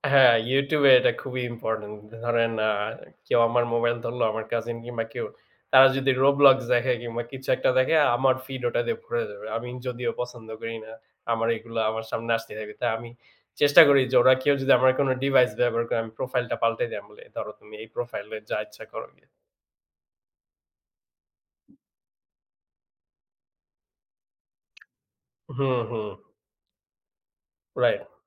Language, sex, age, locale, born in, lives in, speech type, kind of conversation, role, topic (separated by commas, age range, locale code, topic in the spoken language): Bengali, male, 25-29, bn-BD, Bangladesh, Bangladesh, conversation, unstructured, none, আপনার কি মনে হয় মোবাইল ফোন আমাদের জীবন সহজ করেছে, নাকি কঠিন করেছে?